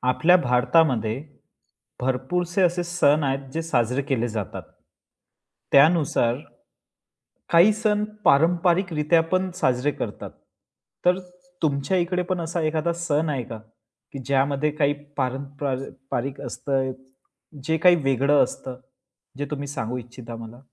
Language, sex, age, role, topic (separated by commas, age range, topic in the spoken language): Marathi, male, 25-29, host, पारंपरिक सण एकत्र साजरे करताना तुला कसं वाटतं?